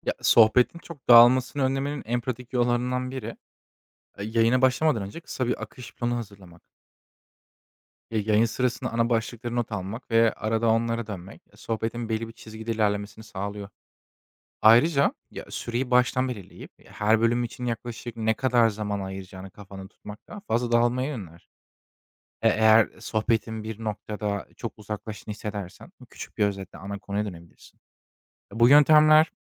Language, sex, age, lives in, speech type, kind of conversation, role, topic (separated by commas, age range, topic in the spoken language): Turkish, male, 25-29, Germany, read, expert-assistant, expert, İnternet radyosu programında dinleyici katılımı nasıl artırılır?